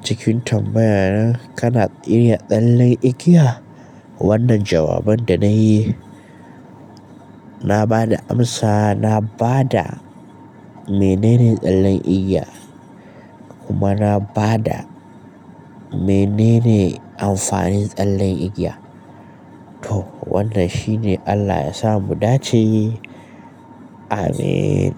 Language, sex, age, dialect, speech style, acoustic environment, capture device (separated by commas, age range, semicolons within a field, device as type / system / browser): Hausa, male, 18-24, Nigeria - Kano, happy; anxious; tired; relaxed; nervous; excited; focused; stressed, traffic; construction; appliances; silence; nature; music; people talking, mobile / Linux / Chrome